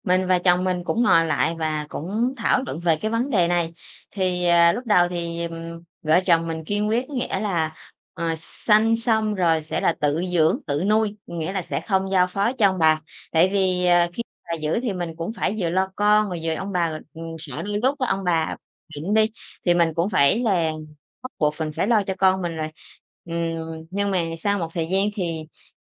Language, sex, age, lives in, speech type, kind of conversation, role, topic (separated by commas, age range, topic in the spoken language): Vietnamese, female, 30-34, Vietnam, conversation, podcast, guest, Bạn cân nhắc việc có nên sinh con hay không như thế nào?